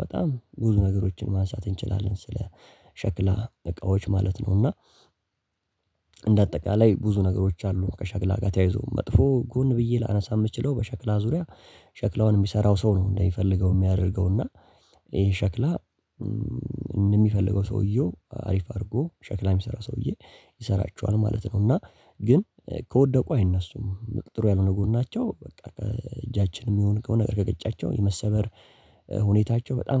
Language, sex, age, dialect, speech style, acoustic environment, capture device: Amharic, male, 18-24, Ethiopia - Addis Ababa, focused, nature, mobile / Linux / Chrome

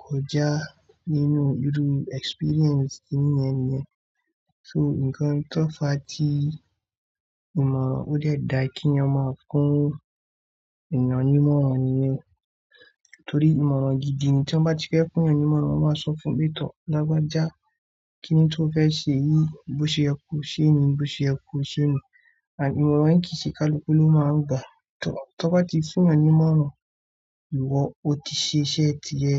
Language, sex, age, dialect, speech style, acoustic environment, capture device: Yoruba, male, 25-34, Nigeria - Kano, relaxed, silence, mobile / Android / Chrome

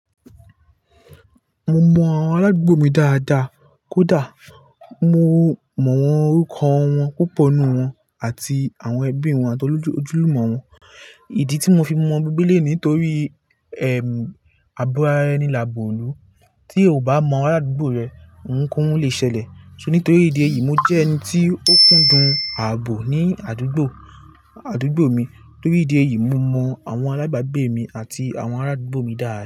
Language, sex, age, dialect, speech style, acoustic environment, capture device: Yoruba, male, 18-24, Nigeria - Lagos Yoruba, relaxed, nature, mobile / Linux / Chrome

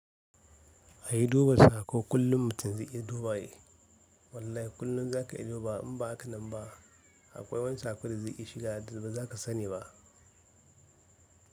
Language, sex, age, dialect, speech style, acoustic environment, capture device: Hausa, male, 25-34, Nigeria - Kano, happy, silence, mobile / Linux / Chrome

